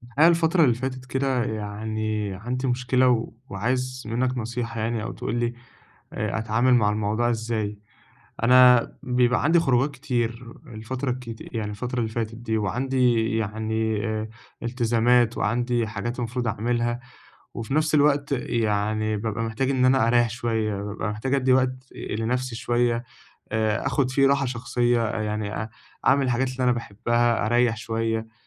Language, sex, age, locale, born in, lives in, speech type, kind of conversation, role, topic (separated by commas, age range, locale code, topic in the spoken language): Arabic, male, 20-24, ar-EG, Egypt, Egypt, conversation, advice, user, إزاي أوازن بين الخروج مع صحابي وراحتي الشخصية؟